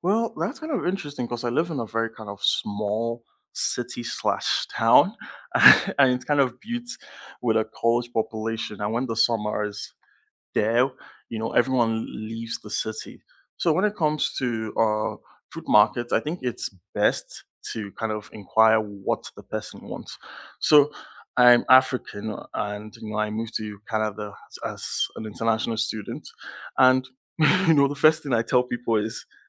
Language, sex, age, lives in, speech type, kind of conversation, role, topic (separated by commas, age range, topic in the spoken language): English, male, 20-24, Canada, conversation, unstructured, none, Which local food markets would you recommend to visitors?